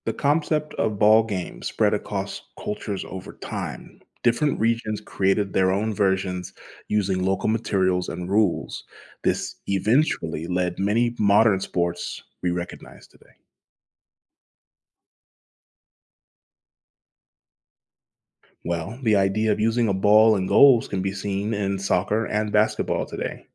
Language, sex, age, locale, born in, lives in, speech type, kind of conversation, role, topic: English, male, 30-34, en-US, United States, United States, read, expert-assistant, expert, What was the first sport ever played with a ball?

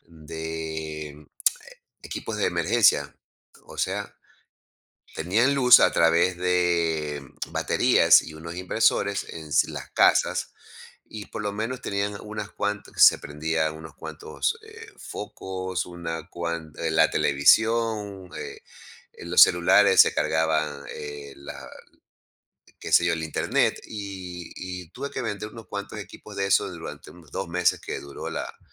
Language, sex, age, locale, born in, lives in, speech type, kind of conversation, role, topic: Spanish, male, 55-59, es-EC, Ecuador, United States, conversation, podcast, guest, ¿Cómo equilibras tu trabajo comercial con tus proyectos personales?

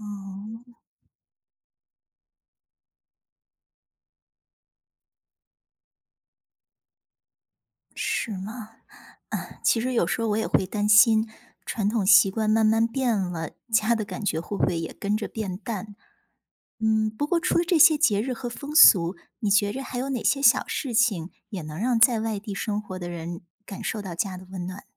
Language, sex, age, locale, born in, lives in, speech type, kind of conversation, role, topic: Chinese, female, 40-44, zh-CN, China, United States, read, expert-assistant, asker, 你觉得家乡的风俗和节日对你来说意味着什么？如果没有这些传统，你会有什么不同的感受？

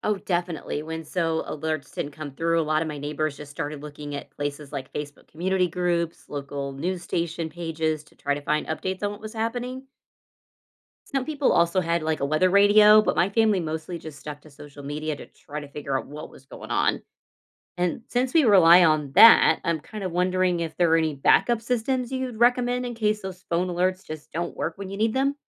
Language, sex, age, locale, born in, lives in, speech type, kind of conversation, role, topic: English, female, 40-44, en-US, United States, United States, read, expert-assistant, asker, Why do some phones not get emergency alerts?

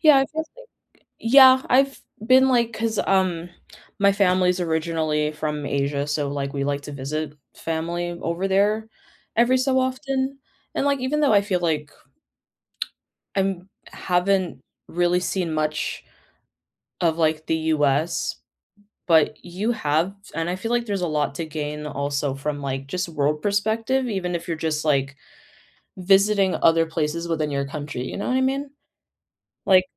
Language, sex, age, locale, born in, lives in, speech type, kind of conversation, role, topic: English, female, 25-29, en-US, United States, United States, conversation, unstructured, none, How has travel changed the way you see the world?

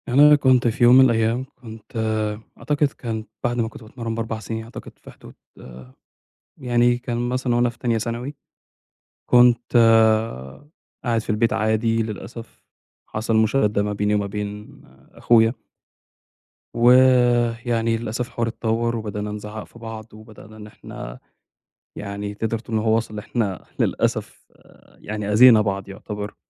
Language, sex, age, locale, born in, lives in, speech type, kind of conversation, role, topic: Arabic, male, 25-29, ar-EG, Egypt, Egypt, conversation, advice, user, التعلم من الانتكاسات والمضي قدماً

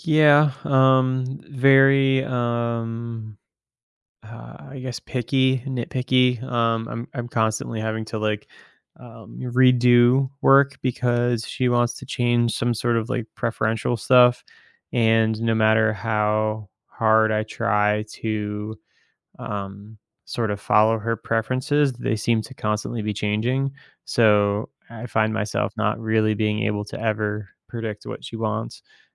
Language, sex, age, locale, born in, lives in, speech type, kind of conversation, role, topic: English, male, 30-34, en-US, United States, United States, conversation, advice, user, How can I tell if quitting my job for more freedom is a realistic option for me?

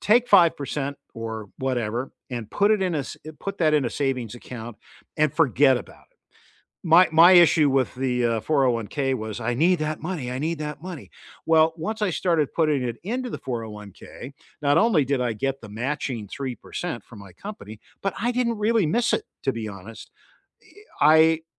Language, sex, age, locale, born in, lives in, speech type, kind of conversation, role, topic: English, male, 70-74, en-US, United States, United States, conversation, unstructured, none, What is a smart way to save money regularly?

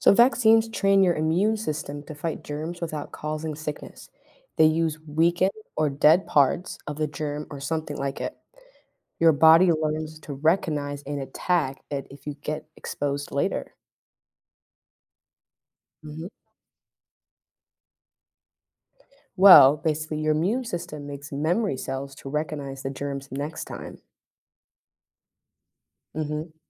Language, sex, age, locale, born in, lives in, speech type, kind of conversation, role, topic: English, female, 20-24, en-US, United States, United States, read, expert-assistant, expert, How do vaccines work to protect against diseases?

